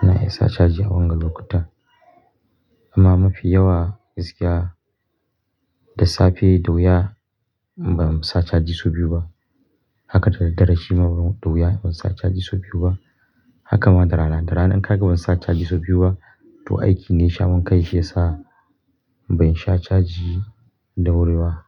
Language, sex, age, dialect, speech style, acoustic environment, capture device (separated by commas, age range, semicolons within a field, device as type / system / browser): Hausa, male, 25-34, Nigeria - Kano, relaxed; focused, people talking; nature, mobile / Linux / Chrome